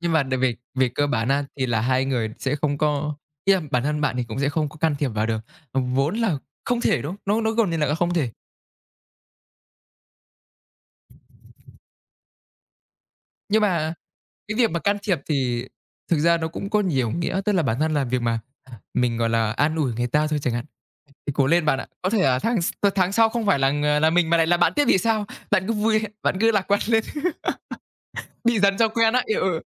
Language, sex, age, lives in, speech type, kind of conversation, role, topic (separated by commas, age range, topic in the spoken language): Vietnamese, male, 20-24, Vietnam, conversation, unstructured, none, Bạn cảm thấy thế nào khi chứng kiến người khác bị đối xử bất công?